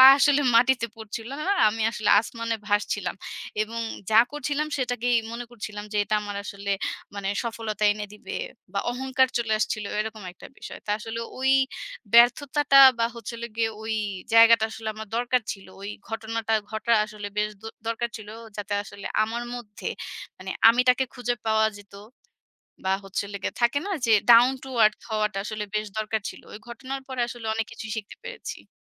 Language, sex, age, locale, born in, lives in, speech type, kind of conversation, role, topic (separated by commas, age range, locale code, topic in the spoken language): Bengali, female, 30-34, bn-BD, Bangladesh, Bangladesh, conversation, podcast, guest, ব্যর্থতার পর আবার নতুন করে শুরু করার সাহস তুমি কোথা থেকে পাও?